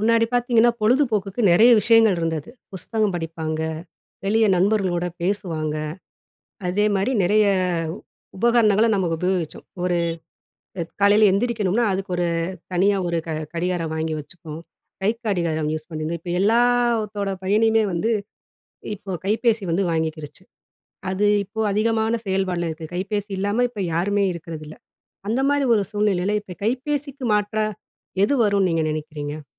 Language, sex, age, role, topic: Tamil, female, 40-44, host, மொபைல் கைபேசிகளின் அடுத்த கட்ட வளர்ச்சி என்னவாக இருக்கும் என்று நீங்கள் நினைக்கிறீர்களா?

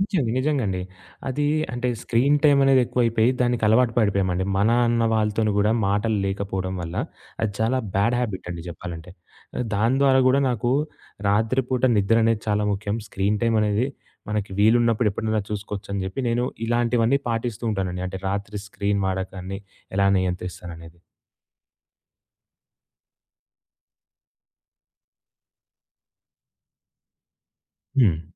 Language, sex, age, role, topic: Telugu, male, 20-24, guest, రాత్రిపూట స్క్రీన్ వినియోగాన్ని మీరు ఎలా నియంత్రిస్తారు?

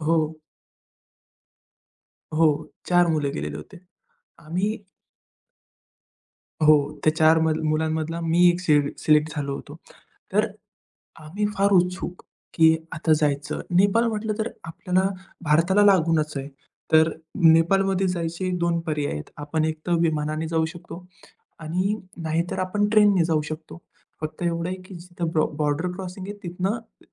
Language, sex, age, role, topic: Marathi, male, 20-24, guest, एखाद्या परदेशातल्या व्यक्तीने तुमचं स्वागत कसं केलं, ते सांगू शकता का?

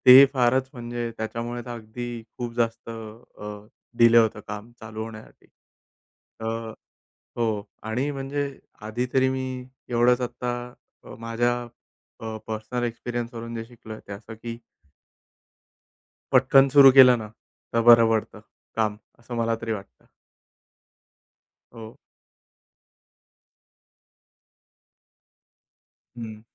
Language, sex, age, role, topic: Marathi, male, 30-34, guest, रोकलेलं काम पुन्हा सुरू करण्यासाठी तुम्ही कोणत्या छोट्या पावलांनी सुरुवात करता?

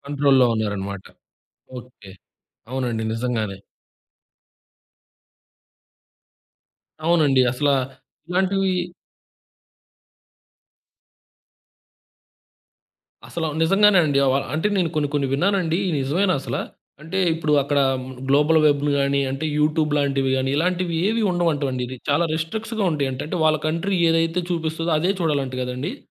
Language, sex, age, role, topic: Telugu, male, 20-24, host, మీ సంస్కృతి మీ వ్యక్తిత్వాన్ని ఎలా మార్చింది?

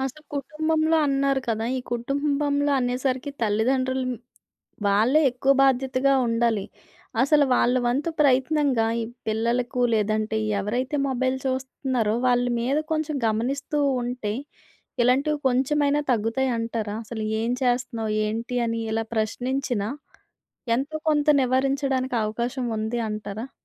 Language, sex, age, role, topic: Telugu, female, 30-34, host, మొబైల్ ఫోన్లు మరియు సామాజిక మాధ్యమాల ప్రభావంతో పిల్లలు, యువతలో విలువల బోధ ఎలా మారింది?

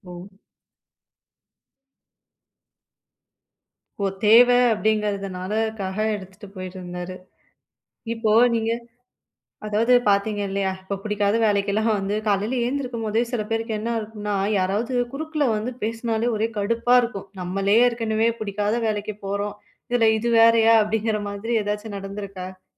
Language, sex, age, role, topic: Tamil, female, 20-24, host, வேலைவாய்ப்பில் வெற்றி என்பது உங்களுக்கு என்ன அர்த்தம்?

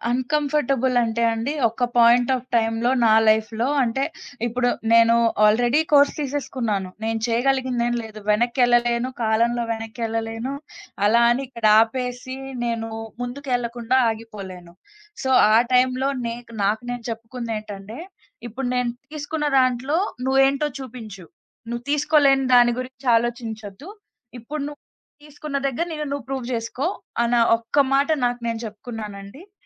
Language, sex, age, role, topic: Telugu, female, 20-24, guest, కెరీర్ కోసం మీరు తీసుకున్న అతిపెద్ద నిర్ణయం ఏమిటి, దాని గురించి చెప్పగలరా?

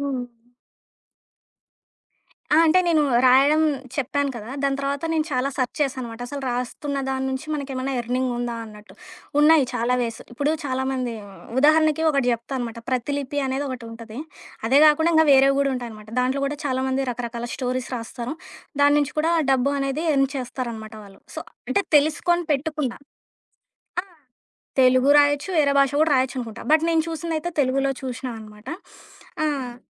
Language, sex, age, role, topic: Telugu, female, 25-29, guest, ఐడియాలను గుర్తుంచుకోవడానికి మీరు ఏ పద్ధతి అనుసరిస్తారు?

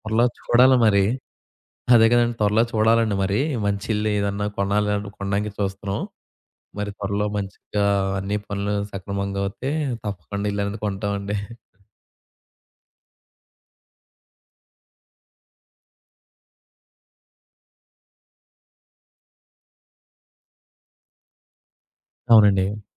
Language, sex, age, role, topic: Telugu, male, 20-24, host, సొంత ఇల్లు కొనాలా, లేక అద్దెకు ఉండాలా అని నిర్ణయం ఎలా తీసుకోవాలి?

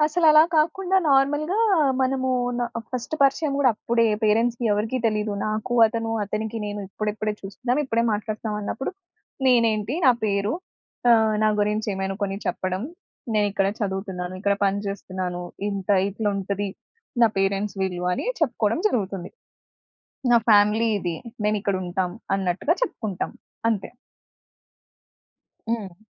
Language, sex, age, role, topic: Telugu, female, 25-29, guest, ఒక కొత్త వ్యక్తిని కలిసినప్పుడు మీరు సాధారణంగా పరిచయాన్ని ఎలా మొదలు పెడతారు?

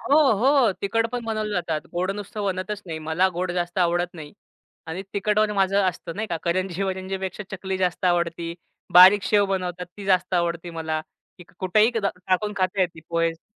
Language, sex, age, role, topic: Marathi, male, 25-29, guest, सणांच्या वेळी तुमच्या घरी आवर्जून कोणता पदार्थ बनतो?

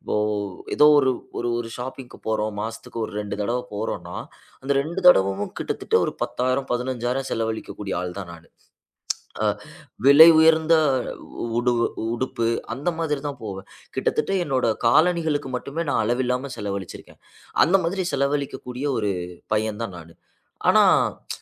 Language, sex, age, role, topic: Tamil, male, 25-29, guest, தவறுகளை ஒப்புக்கொள்வது எப்படி நம்பிக்கையை உருவாக்குகிறது?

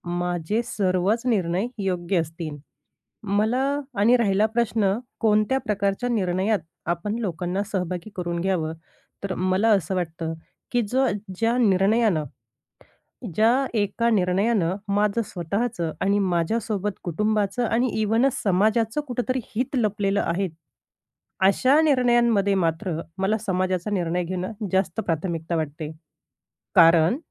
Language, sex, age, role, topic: Marathi, female, 30-34, guest, निर्णय घेताना लोकांना कसे सहभागी कराल?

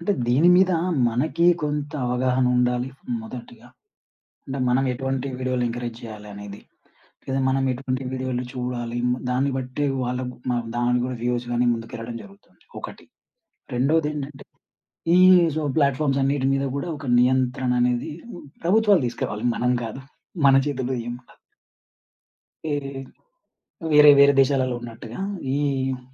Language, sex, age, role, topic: Telugu, male, 30-34, guest, సామాజిక మాధ్యమాల్లోని తరంగాలు మన సంస్కృతిని మార్చుతున్నాయా?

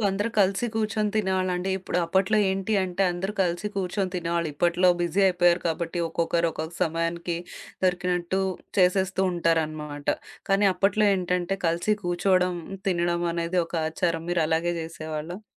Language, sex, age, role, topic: Telugu, female, 30-34, host, మీ ఇంట్లో ఉదయాన్నే చేసే చిన్న ఆచారాలు ఏవేవి?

